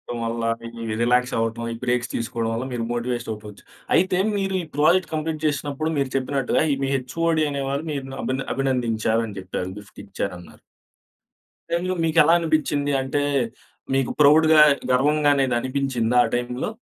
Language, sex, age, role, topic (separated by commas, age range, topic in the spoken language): Telugu, male, 25-29, host, ఒంటరిగా పనిచేసేటప్పుడు పనిపై ప్రేరణను ఎలా నిలుపుకుంటారు?